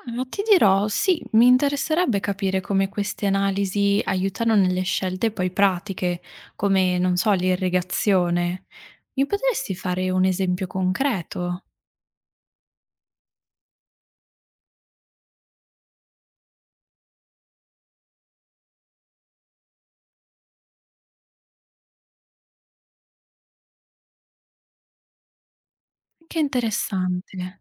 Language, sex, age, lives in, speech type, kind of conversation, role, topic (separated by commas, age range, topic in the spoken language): Italian, female, 25-29, Italy, read, expert-assistant, asker, L'uso della tecnologia (droni, sensori, intelligenza artificiale) rende l'agricoltura sostenibile più efficace o crea nuove sfide? Quali sono i pro e i contro nell'adottare queste innovazioni?